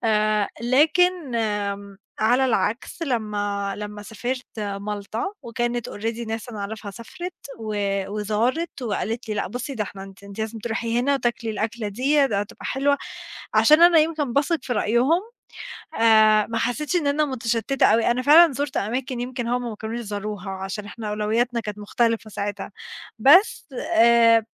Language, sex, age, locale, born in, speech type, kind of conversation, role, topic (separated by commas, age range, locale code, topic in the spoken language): Arabic, female, 20-24, ar-EG, Egypt, conversation, unstructured, none, إزاي بتختار وجهتك السياحية المفضلة؟